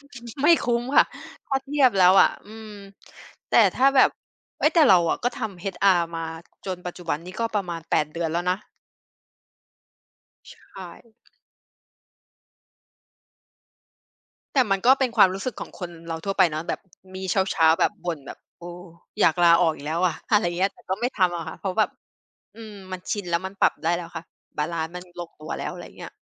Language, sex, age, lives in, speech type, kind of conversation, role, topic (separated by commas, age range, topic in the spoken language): Thai, female, 30-34, Thailand, conversation, podcast, guest, ทำอย่างไรถึงจะรักษาสมดุลงานกับชีวิตส่วนตัวได้จริงๆ?